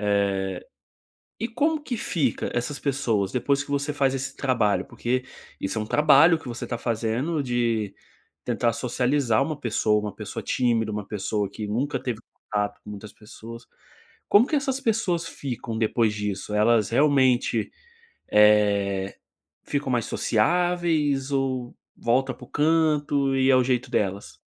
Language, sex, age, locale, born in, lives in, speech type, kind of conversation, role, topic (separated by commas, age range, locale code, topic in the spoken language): Portuguese, male, 25-29, pt-BR, Brazil, Spain, conversation, podcast, host, Como você pode ajudar alguém que parece estar se isolando?